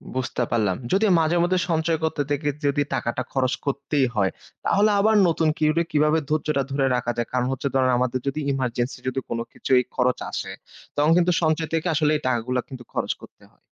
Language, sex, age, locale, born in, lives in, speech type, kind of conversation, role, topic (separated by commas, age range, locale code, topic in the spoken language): Bengali, male, 25-29, bn-BD, Bangladesh, Finland, read, expert-assistant, asker, ভবিষ্যতের জন্য অর্থ সঞ্চয় করার উপকারিতা কী কী?